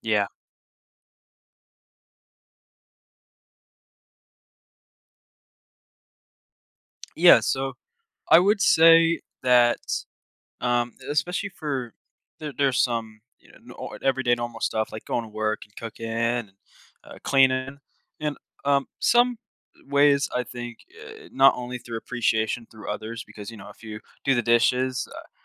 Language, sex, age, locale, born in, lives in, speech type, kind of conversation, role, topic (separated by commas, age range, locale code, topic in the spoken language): English, male, 18-19, en-US, United States, United States, conversation, podcast, guest, Why is it important to acknowledge and celebrate small achievements in our lives?